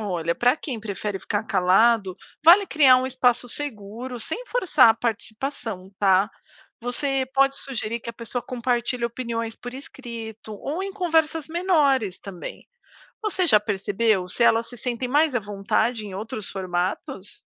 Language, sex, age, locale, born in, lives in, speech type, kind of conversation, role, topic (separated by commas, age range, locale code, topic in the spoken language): Portuguese, female, 40-44, pt-BR, Brazil, United States, read, expert-assistant, expert, Como os países podem resolver desentendimentos sem criar conflitos?